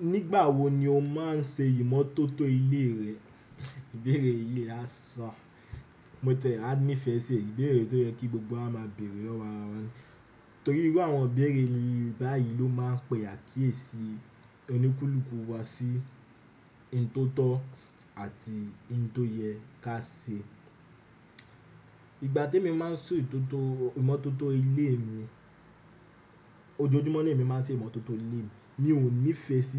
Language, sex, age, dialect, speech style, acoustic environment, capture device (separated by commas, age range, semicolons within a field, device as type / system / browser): Yoruba, male, 18-24, Nigeria - Nigerian Standard, relaxed, nature, desktop / Windows / Chrome